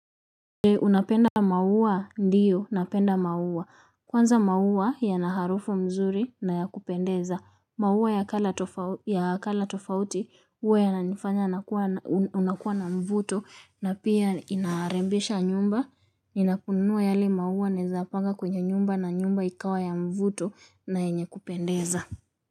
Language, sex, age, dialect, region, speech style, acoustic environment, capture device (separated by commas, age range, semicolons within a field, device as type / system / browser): Swahili, female, 18-24, Kenya - Nairobi Sheng-influenced, Kenya, relaxed, silence, mobile / Linux / Chrome